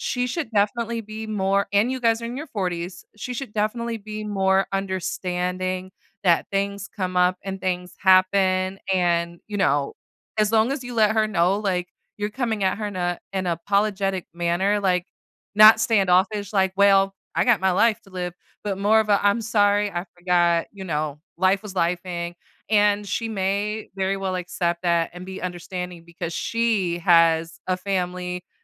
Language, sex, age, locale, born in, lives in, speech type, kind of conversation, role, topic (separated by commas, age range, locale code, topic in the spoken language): English, female, 30-34, en-US, United States, United States, conversation, advice, advisor, How can I apologize and make it up after forgetting a close friend's birthday?